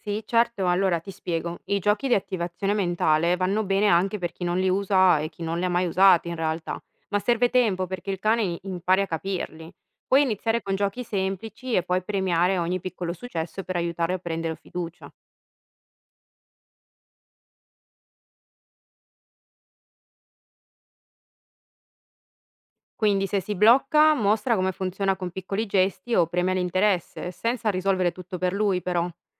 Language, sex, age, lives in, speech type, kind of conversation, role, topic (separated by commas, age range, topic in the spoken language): Italian, female, 30-34, Italy, read, expert-assistant, expert, Quali sono i modi più efficaci per aiutare un animale domestico a superare la paura quando resta solo in casa?